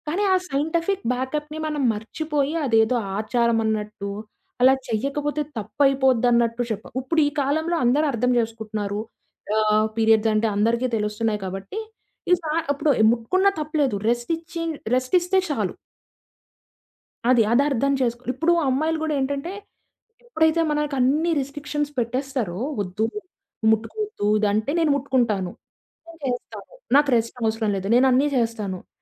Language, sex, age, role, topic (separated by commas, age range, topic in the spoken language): Telugu, female, 20-24, guest, సైన్స్ లేదా డేటా చెప్పేది, మీ మనసు చెప్పేదీ భిన్నంగా ఉన్నప్పుడు మీరు ఎలా నిర్ణయం తీసుకుంటారు?